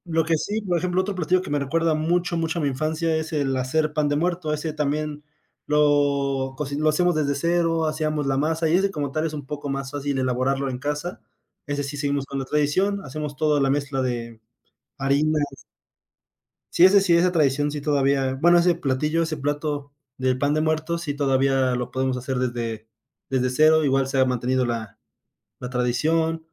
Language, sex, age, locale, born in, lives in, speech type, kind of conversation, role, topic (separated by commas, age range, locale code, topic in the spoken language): Spanish, male, 20-24, es-MX, Mexico, Mexico, conversation, podcast, guest, ¿Qué platos te recuerdan a tu infancia y por qué?